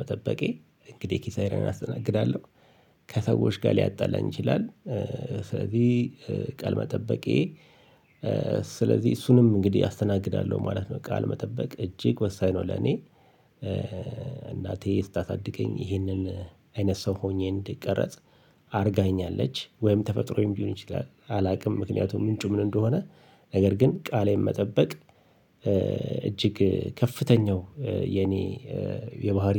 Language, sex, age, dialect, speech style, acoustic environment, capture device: Amharic, male, 45-54, Ethiopia - Addis Ababa, relaxed, nature, mobile / Linux / Chrome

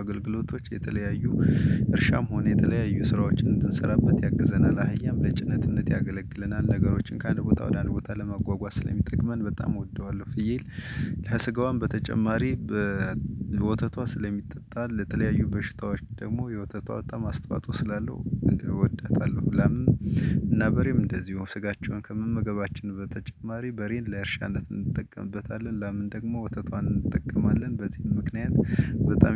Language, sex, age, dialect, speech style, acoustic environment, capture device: Amharic, male, 35-44, Ethiopia - Gojjam, happy; excited; focused; relaxed, nature; silence, desktop / Linux / Chrome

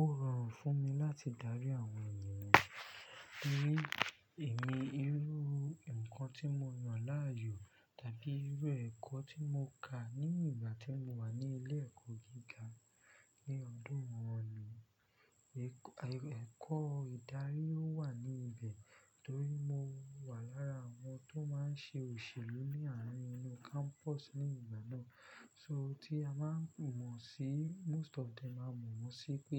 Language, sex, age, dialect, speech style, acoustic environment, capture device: Yoruba, male, 25-34, Nigeria - Lagos Yoruba, focused, silence; nature, desktop / Linux / Chrome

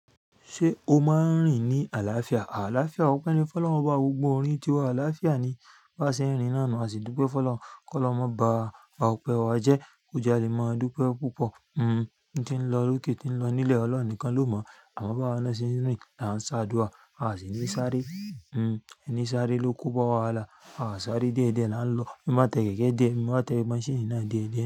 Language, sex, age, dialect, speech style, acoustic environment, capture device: Yoruba, male, 35-44, Nigeria - Lagos Yoruba, happy; relaxed, nature, mobile / Linux / Chrome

